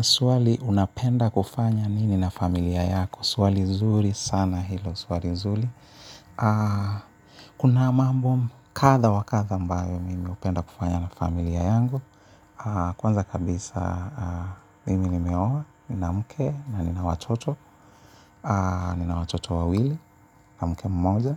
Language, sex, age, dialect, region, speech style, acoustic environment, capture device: Swahili, male, 35-44, Kenya - Nairobi Sheng-influenced, Kenya, happy; anxious; nervous; focused, nature; appliances, mobile / Linux / Chrome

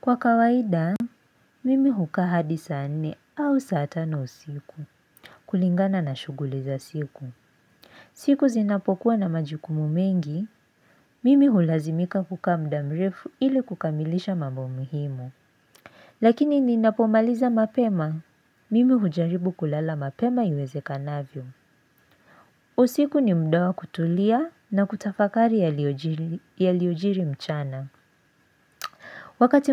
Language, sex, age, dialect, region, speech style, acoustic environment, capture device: Swahili, female, 18-24, Kenya - Nairobi Sheng-influenced, Kenya, focused; excited; relaxed, silence, mobile / Linux / Chrome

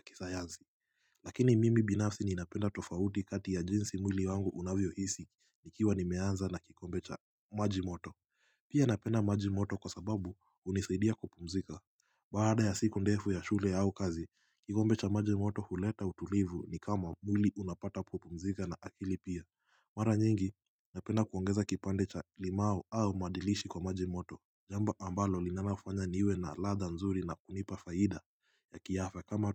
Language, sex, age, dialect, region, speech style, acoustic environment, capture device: Swahili, male, 18-24, Kenya - Mombasa, Kenya, relaxed, silence, mobile / Linux / Chrome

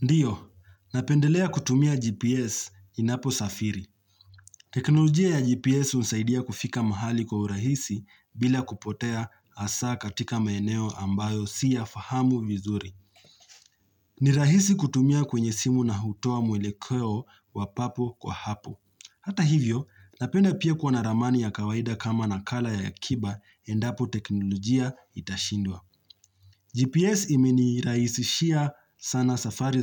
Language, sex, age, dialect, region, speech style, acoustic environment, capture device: Swahili, male, 25-34, Kenya - Nairobi Sheng-influenced, Kenya, relaxed; focused; happy, nature; silence, mobile / Linux / Chrome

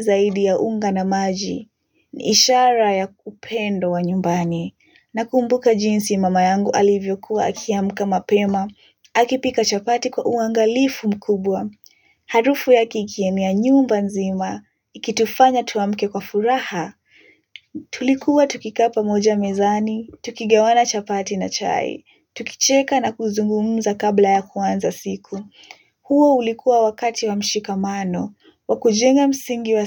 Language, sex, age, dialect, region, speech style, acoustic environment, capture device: Swahili, female, 18-24, Kenya - Nairobi Sheng-influenced, Kenya, relaxed, silence, mobile / Linux / Chrome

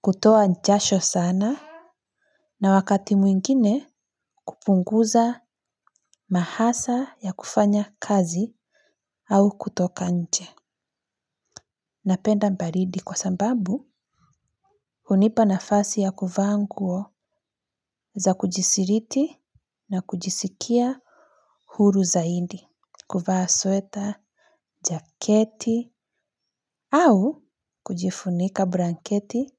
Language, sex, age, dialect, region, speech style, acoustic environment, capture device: Swahili, female, 25-34, Kenya - Nairobi Sheng-influenced, Kenya, relaxed, nature, mobile / Linux / Chrome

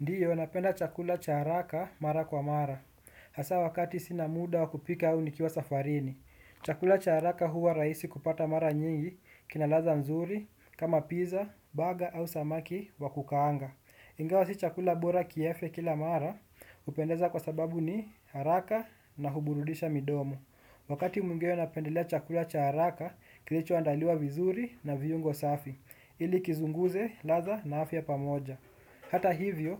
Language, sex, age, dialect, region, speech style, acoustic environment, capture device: Swahili, male, 18-24, Kenya - Nairobi Sheng-influenced, Kenya, relaxed, nature, desktop / Windows / Chrome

